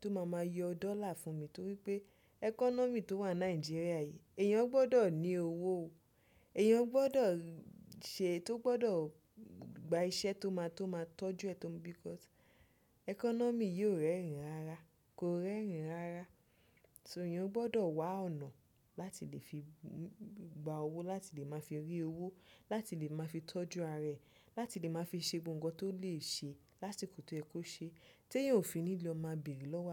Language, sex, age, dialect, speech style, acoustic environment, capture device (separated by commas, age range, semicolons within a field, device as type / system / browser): Yoruba, female, 25-34, Nigeria - Nigerian Standard, happy, people talking, desktop / Windows / Chrome